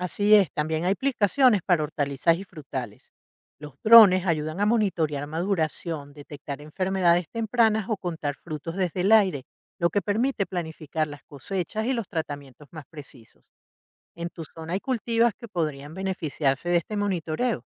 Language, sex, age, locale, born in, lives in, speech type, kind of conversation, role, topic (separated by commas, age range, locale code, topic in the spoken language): Spanish, female, 55-59, es-VE, Venezuela, United States, read, expert-assistant, expert, ¿Cuáles son las ventajas y desventajas de usar drones para monitorear cultivos en comparación con métodos tradicionales?